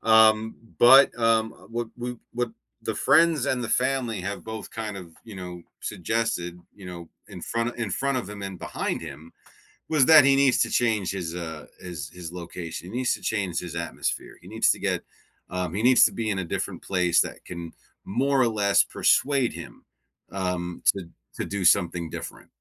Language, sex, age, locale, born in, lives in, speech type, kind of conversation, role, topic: English, male, 45-49, en-US, United States, United States, conversation, advice, user, How can I maintain and deepen a renewed friendship after reconnecting with an old friend?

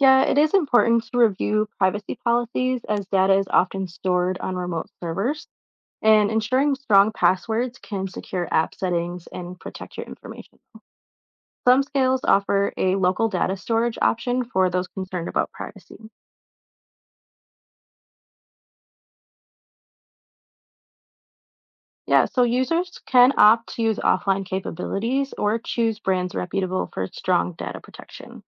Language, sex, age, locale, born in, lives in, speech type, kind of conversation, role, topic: English, female, 25-29, en-US, United States, United States, read, expert-assistant, expert, How do smart scales measure weight?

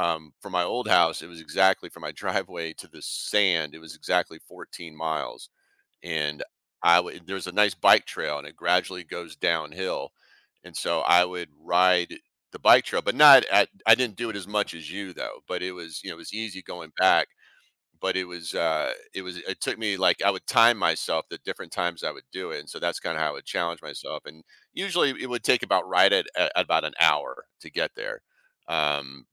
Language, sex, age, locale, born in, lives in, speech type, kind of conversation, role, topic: English, male, 55-59, en-US, United States, United States, conversation, podcast, host, What memorable experience have you had related to your hobby?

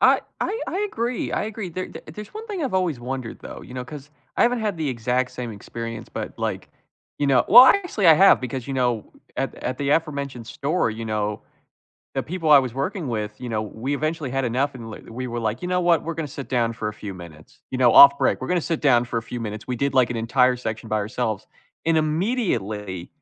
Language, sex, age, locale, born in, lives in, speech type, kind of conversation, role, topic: English, male, 20-24, en-US, United States, United States, conversation, unstructured, none, What is the biggest frustration you have had in a job?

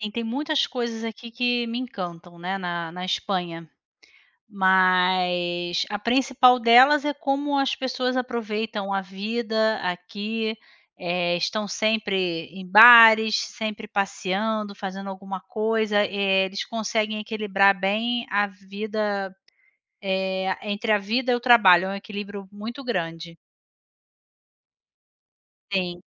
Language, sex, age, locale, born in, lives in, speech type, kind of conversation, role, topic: Portuguese, female, 40-44, pt-BR, Brazil, Spain, conversation, unstructured, none, Qual é a tradição local que mais te encanta?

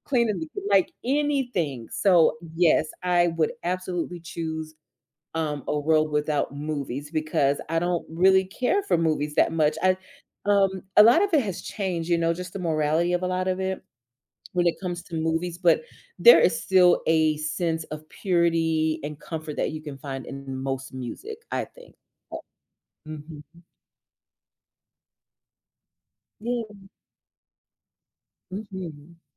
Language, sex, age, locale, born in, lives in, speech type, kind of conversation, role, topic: English, female, 35-39, en-US, United States, United States, conversation, unstructured, none, Would you rather live in a world without music or a world without movies?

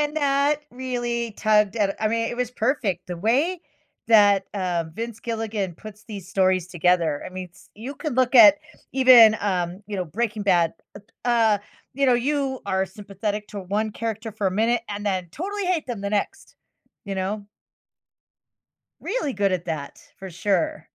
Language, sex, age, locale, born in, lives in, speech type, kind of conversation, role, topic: English, female, 55-59, en-US, United States, United States, conversation, podcast, host, Why do we connect with certain characters in stories more than others?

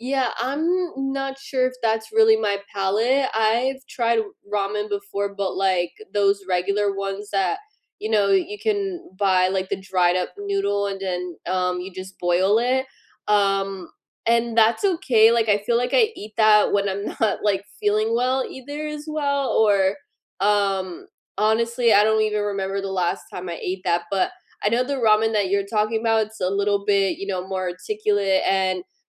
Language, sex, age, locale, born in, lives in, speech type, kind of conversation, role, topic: English, female, 25-29, en-US, United States, United States, conversation, unstructured, none, What comfort food always hits the spot for you?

